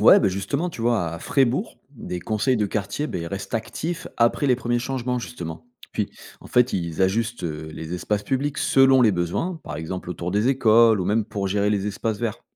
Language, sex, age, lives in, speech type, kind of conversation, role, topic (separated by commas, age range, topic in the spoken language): French, male, 35-39, France, read, expert-assistant, expert, Quelles méthodes rendent une ville plus agréable à vivre pour tous ses habitants ?